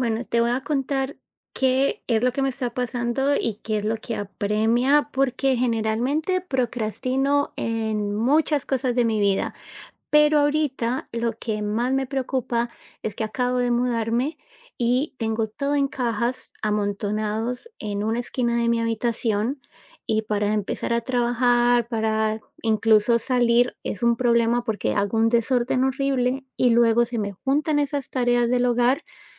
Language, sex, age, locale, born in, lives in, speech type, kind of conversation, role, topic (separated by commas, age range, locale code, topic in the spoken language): Spanish, female, 35-39, es-CO, United States, United States, conversation, advice, user, ¿Cómo procrastinas repetidamente cuando tienes que hacer tareas importantes?